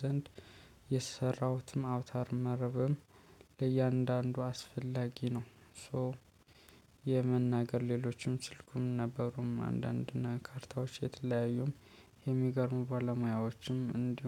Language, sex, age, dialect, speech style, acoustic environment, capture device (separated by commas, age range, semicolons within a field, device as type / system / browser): Amharic, male, 25-34, Ethiopia - Addis Ababa, happy, people talking; nature, mobile / Linux / Chrome